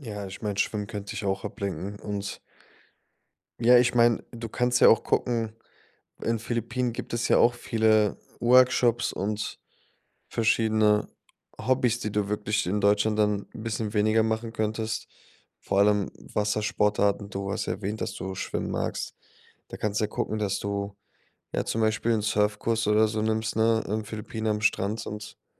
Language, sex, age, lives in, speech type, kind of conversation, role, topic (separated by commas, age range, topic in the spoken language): German, male, 25-29, Germany, conversation, advice, advisor, Wie finde ich innere Ruhe in einer unsicheren Lebensphase?